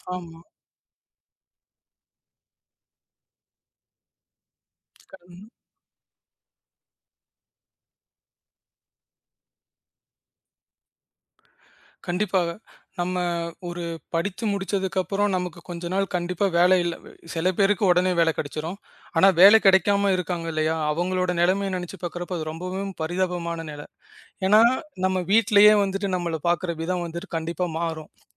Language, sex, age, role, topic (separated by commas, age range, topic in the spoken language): Tamil, male, 25-29, guest, ஒரு திடீர் வாய்ப்பு உங்கள் வாழ்க்கைப் பாதையை மாற்றியதா, அது எப்படி நடந்தது?